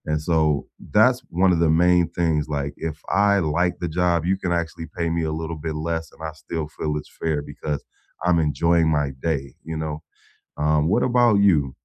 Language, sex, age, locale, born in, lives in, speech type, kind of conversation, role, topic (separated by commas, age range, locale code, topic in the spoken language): English, male, 45-49, en-US, United States, United States, conversation, unstructured, none, How do you balance pay, prices, and what feels fair at work?